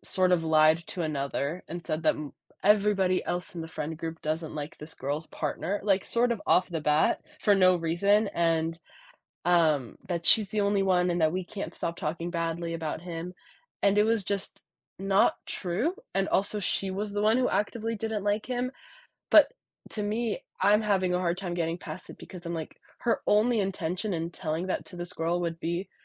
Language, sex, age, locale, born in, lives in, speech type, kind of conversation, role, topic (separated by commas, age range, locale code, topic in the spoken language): English, female, 20-24, en-US, United States, United States, conversation, podcast, guest, What helps you maintain strong friendships when you don’t see eye to eye?